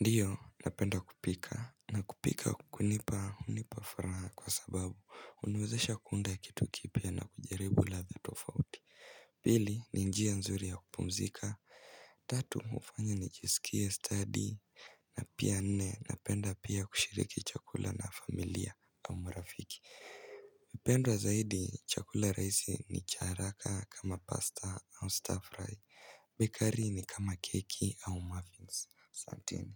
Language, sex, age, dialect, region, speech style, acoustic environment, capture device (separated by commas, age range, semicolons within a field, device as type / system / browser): Swahili, male, 18-24, Kenya - Mombasa, Kenya, stressed, silence, mobile / Linux / Chrome